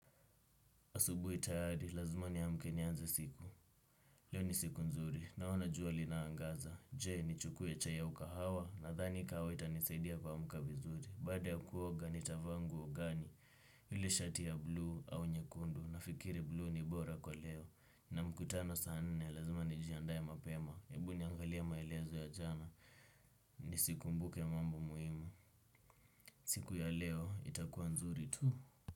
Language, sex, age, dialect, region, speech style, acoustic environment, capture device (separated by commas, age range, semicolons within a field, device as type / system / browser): Swahili, male, 18-24, Kenya - Nairobi Sheng-influenced, Kenya, relaxed, nature, desktop / Windows / Chrome